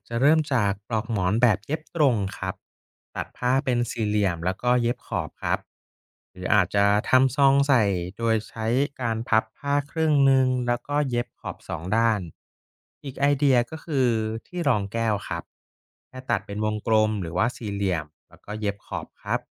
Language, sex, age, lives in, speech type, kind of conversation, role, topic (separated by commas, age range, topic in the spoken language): Thai, male, 30-34, Thailand, read, expert-assistant, expert, บางคนบอกว่าการใช้ถุงผ้าดีกว่าถุงพลาสติก แต่บางแหล่งข้อมูลก็ว่าผลกระทบต่อสิ่งแวดล้อมไม่ได้ต่างกันมาก จริงๆ แล้วถุงผ้ากับถุงพลาสติก อันไหนเป็นมิตรกับสิ่งแวดล้อมมากกว่ากัน?